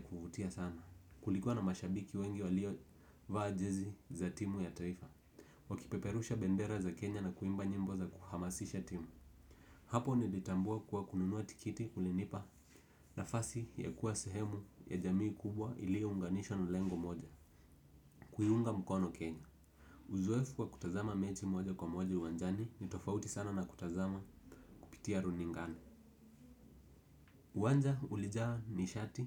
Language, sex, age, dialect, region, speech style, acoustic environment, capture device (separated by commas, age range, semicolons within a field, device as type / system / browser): Swahili, male, 18-24, Kenya - Nairobi Sheng-influenced, Kenya, relaxed; focused; happy, silence, desktop / Windows / Edge